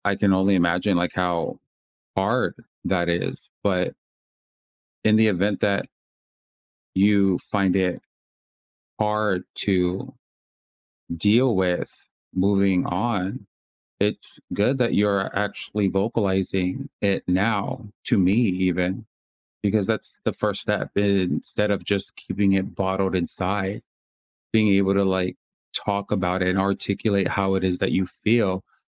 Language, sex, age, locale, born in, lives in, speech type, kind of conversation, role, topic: English, male, 30-34, en-US, United States, United States, conversation, advice, advisor, How do I cope with sudden loss?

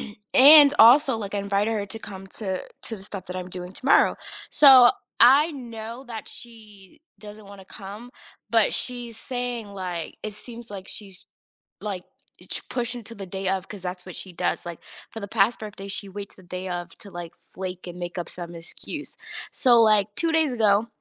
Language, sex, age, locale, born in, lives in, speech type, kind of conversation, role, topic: English, female, 30-34, en-US, United States, United States, conversation, advice, user, How can I address a friendship that feels one-sided?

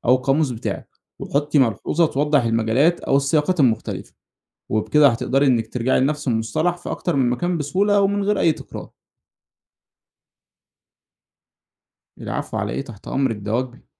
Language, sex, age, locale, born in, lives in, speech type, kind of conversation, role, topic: Arabic, male, 25-29, ar-EG, Egypt, Egypt, read, expert-assistant, expert, إزاي أرتب المعلومات اللي بتعلمها عشان أستفيد منها أكتر وأفتكرها بسهولة؟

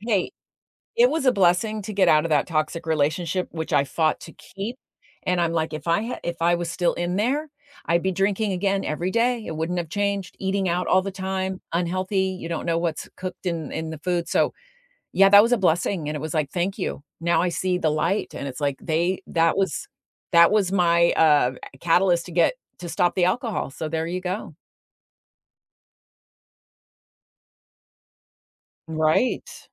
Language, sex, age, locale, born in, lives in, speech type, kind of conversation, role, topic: English, female, 60-64, en-US, United States, United States, conversation, unstructured, none, How do you use fitness to relieve stress rather than focus only on physical change?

